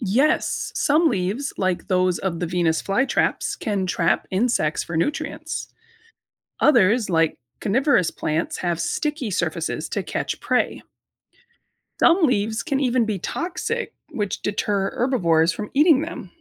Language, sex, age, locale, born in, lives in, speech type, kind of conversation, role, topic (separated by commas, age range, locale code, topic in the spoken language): English, female, 35-39, en-US, United States, United States, read, expert-assistant, expert, Can you describe the different types of leaves?